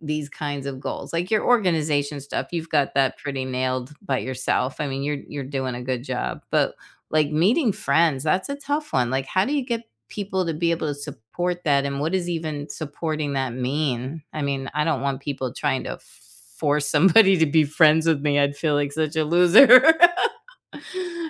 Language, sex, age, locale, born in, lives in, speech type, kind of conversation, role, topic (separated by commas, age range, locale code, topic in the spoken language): English, female, 60-64, en-US, United States, United States, conversation, unstructured, none, What are you trying to do more of lately, and how can others cheer you on?